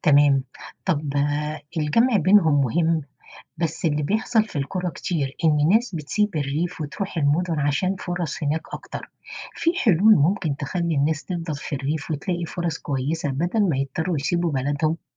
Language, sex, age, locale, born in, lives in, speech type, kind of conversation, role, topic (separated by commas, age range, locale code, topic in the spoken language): Arabic, female, 50-54, ar-EG, Egypt, United States, read, expert-assistant, asker, هل ممكن فعلاً يكون في عدل اقتصادي بين الريف والحضر، ولا دي فكرة مثالية؟